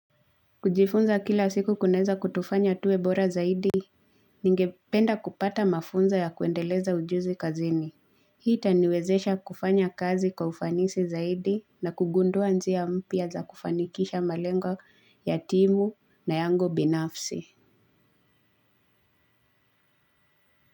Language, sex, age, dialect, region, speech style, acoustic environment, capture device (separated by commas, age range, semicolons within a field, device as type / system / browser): Swahili, female, 25-34, Kenya - Nairobi Sheng-influenced, Kenya, relaxed, nature, mobile / Linux / Chrome